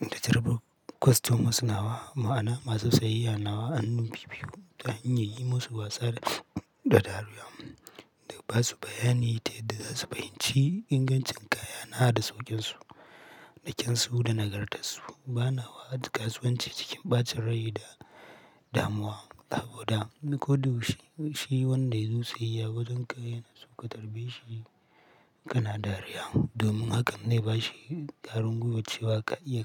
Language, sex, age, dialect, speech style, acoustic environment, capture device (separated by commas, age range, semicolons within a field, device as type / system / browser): Hausa, male, 25-34, Nigeria - Katsina, happy; relaxed, people talking; silence, mobile / Linux / Chrome